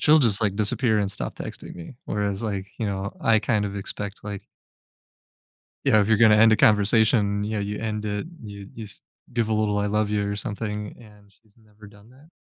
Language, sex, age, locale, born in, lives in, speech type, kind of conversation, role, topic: English, male, 35-39, en-US, United States, United States, conversation, advice, user, How can I communicate my feelings more clearly and prevent misunderstandings with my partner?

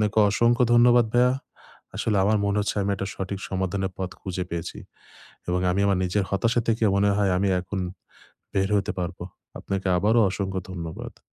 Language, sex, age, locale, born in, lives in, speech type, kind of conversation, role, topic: Bengali, male, 25-29, bn-BD, Bangladesh, Bangladesh, conversation, advice, user, আপনি কীভাবে নিয়ন্ত্রণযোগ্য ও অনিয়ন্ত্রিত চাপ আলাদা করে চিনবেন?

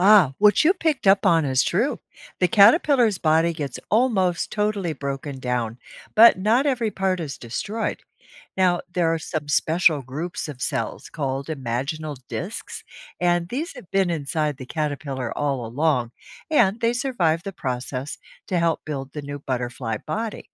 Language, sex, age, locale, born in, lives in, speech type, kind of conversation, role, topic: English, female, 65-69, en-US, United States, United States, read, expert-assistant, expert, How do butterflies transform from caterpillars and why is their metamorphosis important?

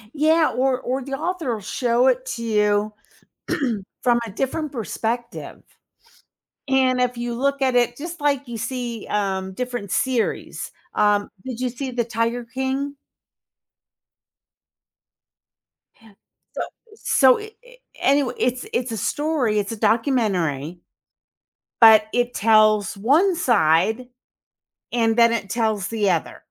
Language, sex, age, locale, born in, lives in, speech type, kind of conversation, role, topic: English, female, 60-64, en-US, United States, United States, conversation, podcast, host, What helps readers connect with characters in a story?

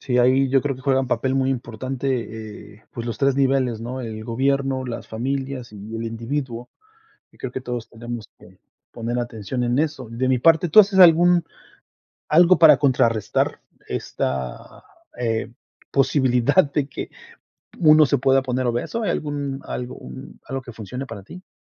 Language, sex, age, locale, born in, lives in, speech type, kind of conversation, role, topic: Spanish, male, 40-44, es-MX, Mexico, United States, conversation, unstructured, none, ¿Crees que la obesidad es un problema que todas las personas deberían tomar en serio?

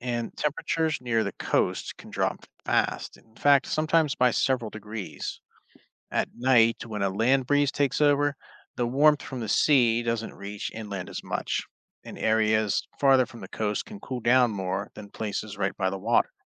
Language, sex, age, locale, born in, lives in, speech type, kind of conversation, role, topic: English, male, 35-39, en-US, United States, United States, read, expert-assistant, expert, What causes wind to blow across the land?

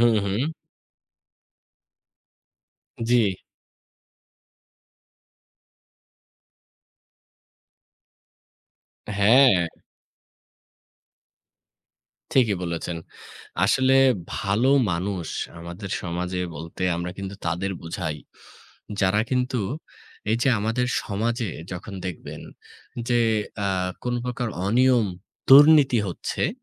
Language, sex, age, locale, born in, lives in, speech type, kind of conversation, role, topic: Bengali, male, 25-29, bn-BD, Bangladesh, Bangladesh, conversation, unstructured, none, আপনার কাছে ভালো মানুষের সংজ্ঞা কী?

